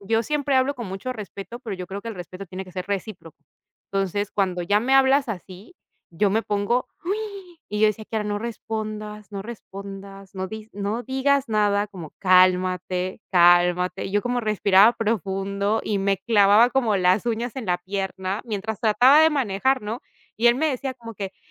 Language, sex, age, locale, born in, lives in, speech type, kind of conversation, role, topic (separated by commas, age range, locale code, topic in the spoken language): Spanish, female, 30-34, es-PE, Peru, Italy, conversation, podcast, guest, ¿Qué emoción te cuesta más manejar y por qué?